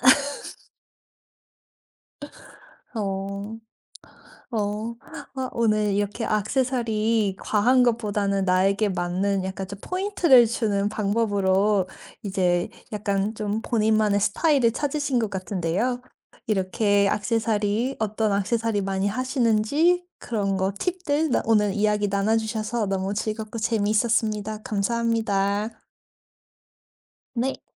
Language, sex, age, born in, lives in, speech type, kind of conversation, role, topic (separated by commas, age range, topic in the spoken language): Korean, female, 35-39, South Korea, United States, conversation, podcast, host, 악세서리는 최소한으로 하는 게 좋나요, 눈에 띄게 하는 게 좋나요?